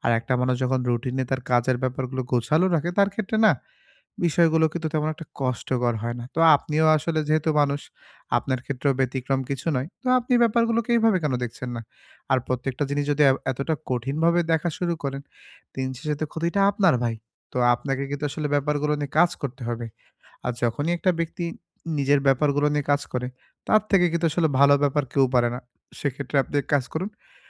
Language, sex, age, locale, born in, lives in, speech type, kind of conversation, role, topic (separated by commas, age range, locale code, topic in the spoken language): Bengali, male, 25-29, bn-BD, Bangladesh, Bangladesh, conversation, advice, advisor, সময় ঠিকভাবে ভাগ করে আমি কীভাবে কাজে মনোযোগ বাড়াতে পারি?